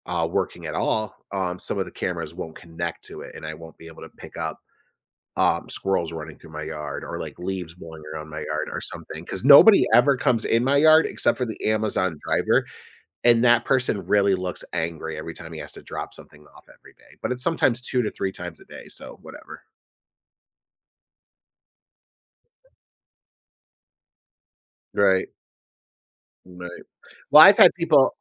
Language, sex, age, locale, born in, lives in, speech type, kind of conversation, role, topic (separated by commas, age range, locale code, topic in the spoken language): English, male, 35-39, en-US, United States, United States, conversation, podcast, guest, How would our lives and relationships change if we no longer had access to the internet?